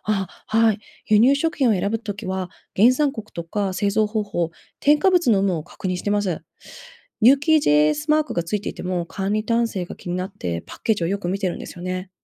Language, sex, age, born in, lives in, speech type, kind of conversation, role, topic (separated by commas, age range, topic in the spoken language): Japanese, female, 35-39, Japan, United States, read, expert-assistant, asker, オーガニック食品は値段に見合う安全性や価値があると思いますか？